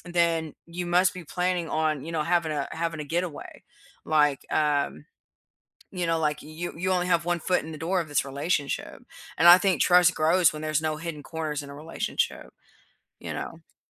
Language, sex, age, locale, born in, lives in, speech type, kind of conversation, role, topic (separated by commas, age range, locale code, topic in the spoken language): English, female, 30-34, en-US, United States, United States, conversation, unstructured, none, Does sharing passwords build trust, or does privacy better protect healthy relationships in modern partnerships?